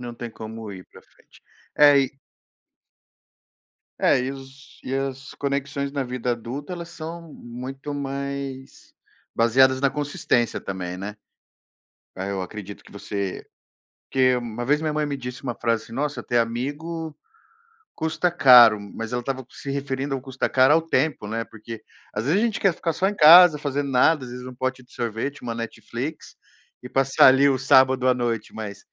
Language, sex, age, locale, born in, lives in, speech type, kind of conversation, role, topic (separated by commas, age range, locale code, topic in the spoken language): Portuguese, male, 35-39, pt-BR, Brazil, United States, conversation, podcast, host, Como você faz novas amizades em diferentes fases da vida?